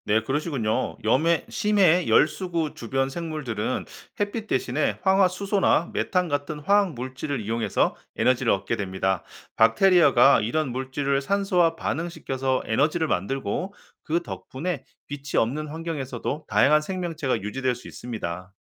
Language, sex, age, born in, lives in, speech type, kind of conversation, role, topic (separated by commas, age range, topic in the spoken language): Korean, male, 45-49, South Korea, United States, read, expert-assistant, expert, 여러 행성의 환경을 합치면 어떤 생명체가 등장할 수 있을까요?